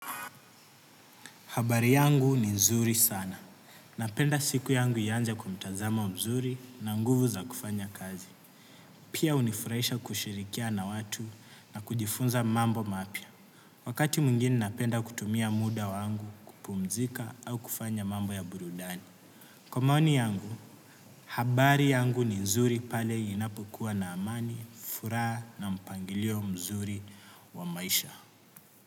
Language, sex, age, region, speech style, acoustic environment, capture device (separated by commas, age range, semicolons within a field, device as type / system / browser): Swahili, male, 18-24, Kenya, happy; relaxed; focused; excited, nature, desktop / Windows / Chrome